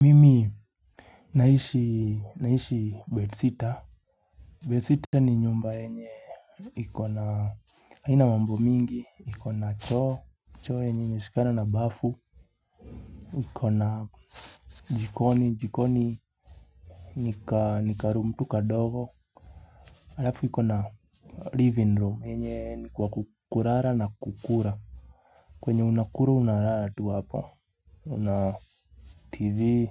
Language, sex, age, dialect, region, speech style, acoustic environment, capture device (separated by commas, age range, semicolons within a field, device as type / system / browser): Swahili, male, 25-34, Kenya - Nairobi Sheng-influenced, Kenya, relaxed, appliances, mobile / Linux / Chrome